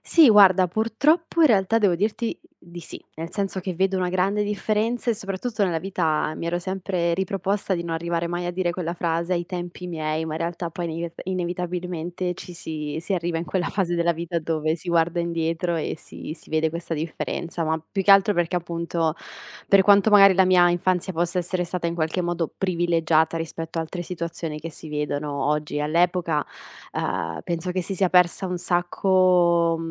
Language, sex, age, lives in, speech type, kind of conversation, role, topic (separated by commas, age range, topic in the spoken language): Italian, female, 25-29, Italy, conversation, podcast, guest, Qual è il ricordo più caro della tua infanzia?